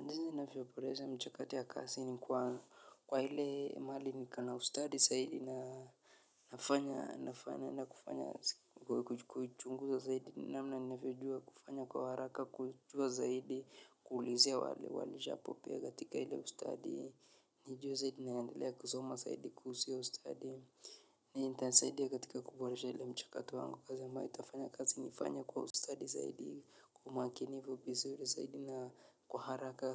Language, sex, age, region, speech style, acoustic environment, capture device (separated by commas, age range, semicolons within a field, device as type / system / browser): Swahili, male, 25-34, Kenya, anxious, nature, mobile / Linux / Chrome